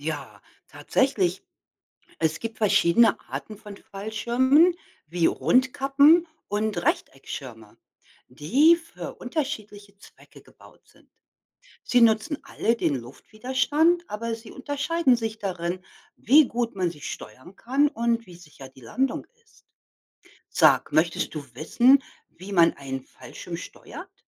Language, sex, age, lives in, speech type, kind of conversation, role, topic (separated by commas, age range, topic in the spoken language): German, female, 55-59, United States, read, expert-assistant, expert, Wie funktioniert ein Fallschirm?